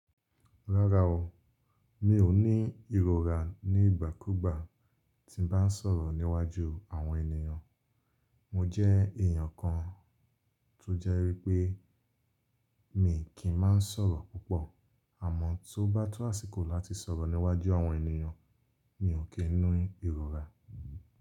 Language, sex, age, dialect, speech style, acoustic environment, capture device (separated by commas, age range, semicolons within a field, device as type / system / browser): Yoruba, male, 25-34, Nigeria - Lagos Yoruba, focused, nature, mobile / Linux / Chrome